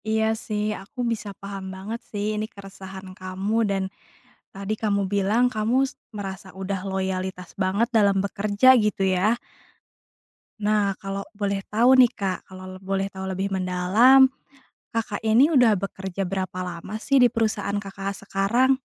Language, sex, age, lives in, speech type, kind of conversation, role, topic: Indonesian, female, 30-34, Indonesia, conversation, advice, advisor, Bagaimana cara menegosiasikan kenaikan gaji setelah bekerja lama di perusahaan?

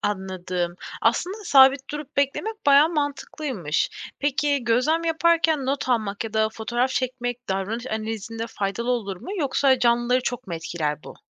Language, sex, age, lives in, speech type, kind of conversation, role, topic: Turkish, female, 25-29, Poland, read, expert-assistant, asker, Deniz biyolojisinde kendimi geliştirmek için nereden başlamalıyım ve hangi konulara özellikle dikkat etmeliyim?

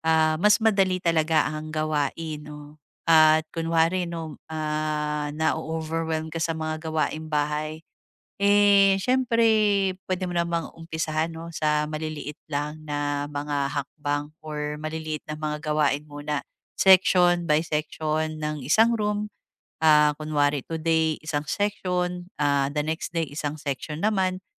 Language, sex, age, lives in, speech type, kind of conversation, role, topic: Filipino, female, 35-39, Philippines, conversation, advice, advisor, Paano ko mapapanatili ang aking gawain kahit palagi akong naaabala?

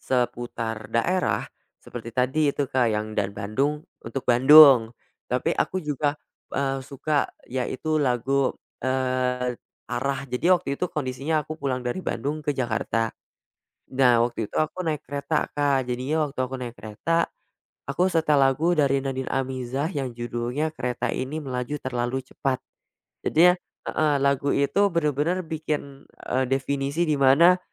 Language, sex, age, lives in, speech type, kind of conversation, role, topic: Indonesian, male, 20-24, Indonesia, conversation, podcast, guest, Lagu apa yang selalu membuatmu merasa nostalgia?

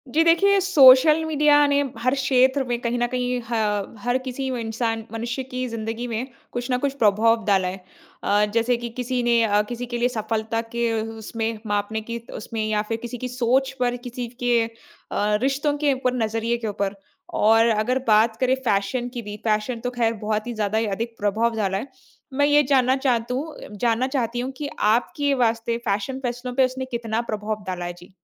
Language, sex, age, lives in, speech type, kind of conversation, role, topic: Hindi, female, 25-29, India, conversation, podcast, host, सोशल मीडिया ने आपके फैशन के फैसलों को कैसे बदला है?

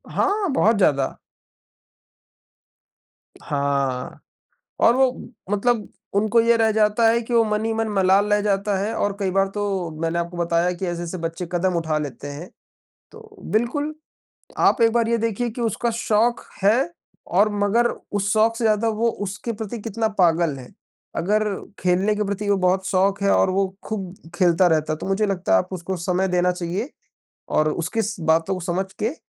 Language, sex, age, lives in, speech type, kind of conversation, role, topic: Hindi, male, 20-24, India, conversation, unstructured, none, क्या पढ़ाई का दबाव बच्चों के सपनों को चोट पहुँचाता है?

